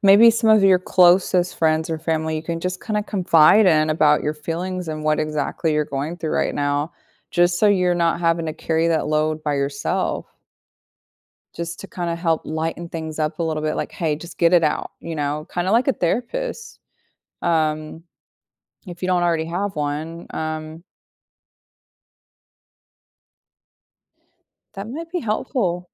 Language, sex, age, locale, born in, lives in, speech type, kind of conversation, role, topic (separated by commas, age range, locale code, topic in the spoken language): English, female, 35-39, en-US, United States, United States, conversation, advice, advisor, How do I cope and decide whether to reconnect after a sudden breakup from a long relationship?